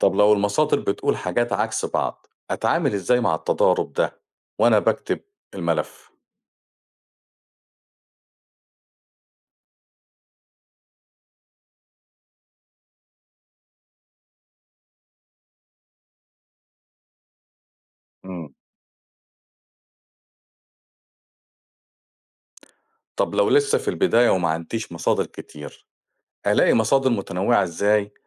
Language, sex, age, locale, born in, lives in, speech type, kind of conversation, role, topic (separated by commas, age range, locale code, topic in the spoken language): Arabic, male, 45-49, ar-EG, Egypt, Portugal, read, expert-assistant, asker, لو عندي فكرة لملف صحفي كبير، إيه الخطوات اللي أعملها عشان أختار المصادر وأجمع معلومات بشكل منظم؟